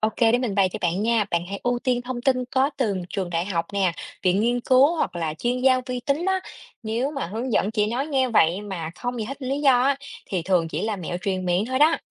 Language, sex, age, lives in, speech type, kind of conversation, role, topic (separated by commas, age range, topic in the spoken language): Vietnamese, female, 30-34, Vietnam, read, expert-assistant, expert, Nếu muốn hiểu kỹ về cách chăm sóc và nuôi dưỡng gia súc hiệu quả, nên tìm thông tin ở đâu là tốt nhất?